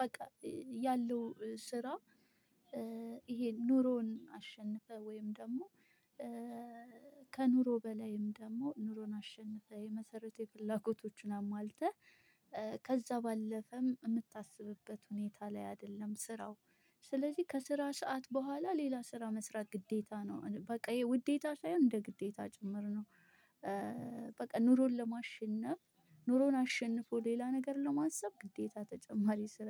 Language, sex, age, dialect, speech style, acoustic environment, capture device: Amharic, female, 25-34, Ethiopia - Addis Ababa, focused; relaxed, silence, mobile / Linux / Chrome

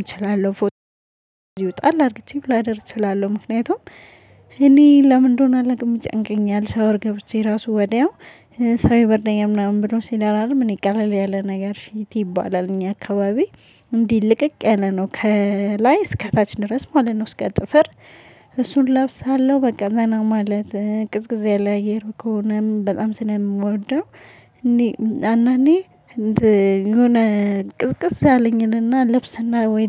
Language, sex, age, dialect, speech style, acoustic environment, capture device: Amharic, male, 35-44, Ethiopia - Addis Ababa, happy, silence, mobile / Linux / Chrome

